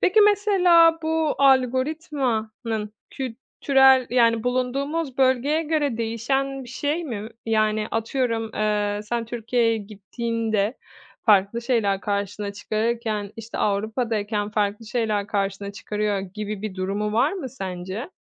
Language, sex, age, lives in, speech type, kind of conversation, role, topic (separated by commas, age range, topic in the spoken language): Turkish, female, 30-34, Italy, conversation, podcast, host, Dijital algoritmalar film ve müzik zevklerini nasıl yönlendiriyor?